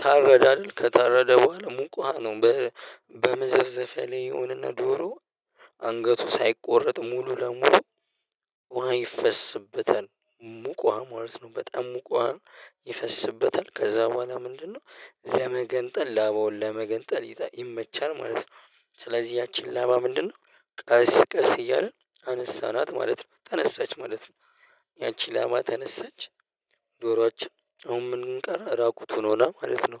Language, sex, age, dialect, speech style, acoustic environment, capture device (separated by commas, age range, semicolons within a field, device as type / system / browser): Amharic, male, 25-34, Ethiopia - Addis Ababa, happy; relaxed, nature; silence, mobile / Linux / Chrome